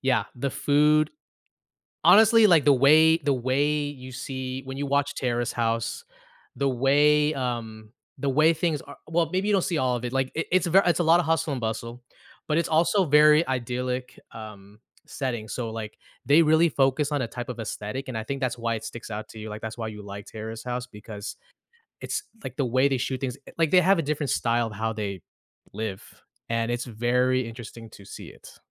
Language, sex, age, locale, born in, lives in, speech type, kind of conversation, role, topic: English, male, 35-39, en-US, United States, United States, conversation, podcast, host, How have advances in technology and changing viewer habits shaped the way we watch television today?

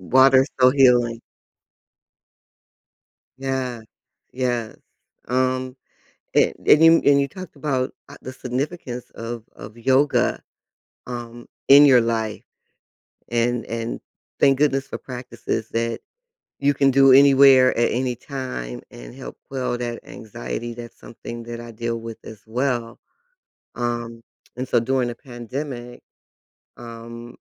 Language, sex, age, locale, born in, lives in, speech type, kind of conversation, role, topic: English, female, 60-64, en-US, United States, United States, conversation, unstructured, none, How can practicing mindfulness together strengthen relationships?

